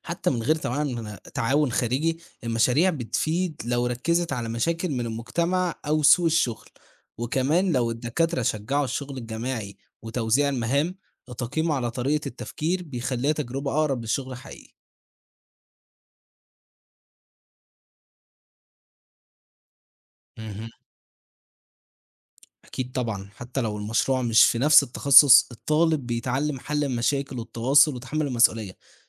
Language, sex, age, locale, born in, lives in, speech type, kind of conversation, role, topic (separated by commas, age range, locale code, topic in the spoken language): Arabic, male, 18-19, ar-EG, Egypt, Egypt, read, expert-assistant, expert, مناهج التعليم القائم على المشروعات ولا المناهج المعتمدة على الحفظ؟ مين فيهم بيساعد الطلبة أكتر في سوق الشغل؟